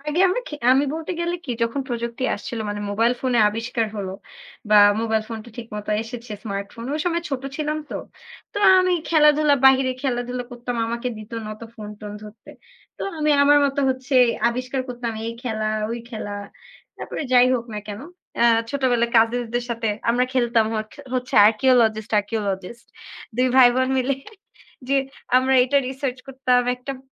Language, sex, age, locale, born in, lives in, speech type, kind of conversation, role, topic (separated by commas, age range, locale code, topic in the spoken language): Bengali, female, 20-24, bn-BD, Bangladesh, Bangladesh, conversation, unstructured, none, কোন প্রযুক্তিগত উদ্ভাবন আপনার জীবনে সবচেয়ে বেশি প্রভাব ফেলেছে?